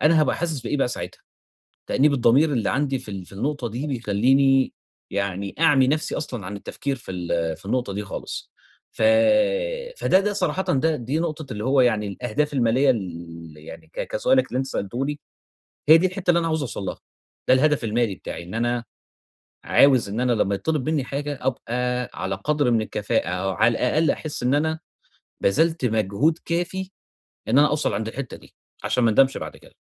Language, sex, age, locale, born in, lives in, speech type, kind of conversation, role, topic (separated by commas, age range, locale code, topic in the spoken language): Arabic, male, 30-34, ar-EG, Egypt, Egypt, conversation, advice, user, اختيار بين الاستقرار والمخاطرة